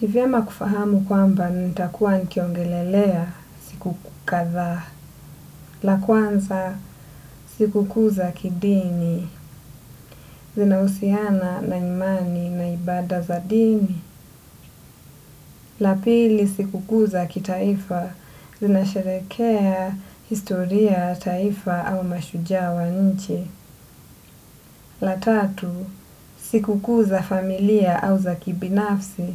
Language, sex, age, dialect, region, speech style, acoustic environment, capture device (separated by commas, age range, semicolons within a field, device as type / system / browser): Swahili, female, 25-34, Kenya - Mombasa, Kenya, relaxed, silence; nature, desktop / Windows / Edge